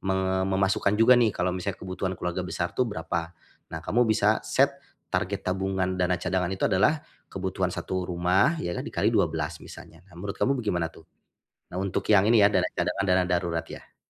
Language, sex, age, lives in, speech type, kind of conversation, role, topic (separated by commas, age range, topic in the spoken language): Indonesian, male, 40-44, Indonesia, conversation, advice, advisor, Bagaimana cara menikmati hidup tanpa mengorbankan keamanan finansial?